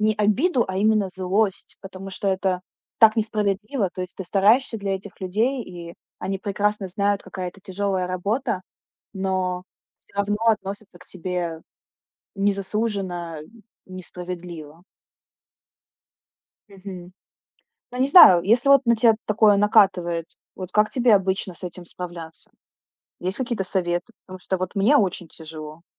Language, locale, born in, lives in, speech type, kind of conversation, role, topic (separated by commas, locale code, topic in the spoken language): Russian, ru-RU, Ukraine, Estonia, conversation, unstructured, none, Почему иногда воспоминания вызывают у тебя злость?